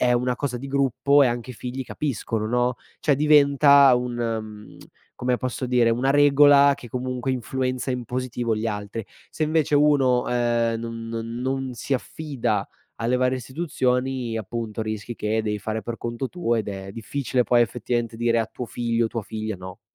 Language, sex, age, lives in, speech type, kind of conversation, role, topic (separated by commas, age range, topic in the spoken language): Italian, male, 25-29, Italy, conversation, podcast, guest, Come usare i social senza perdere il contatto umano?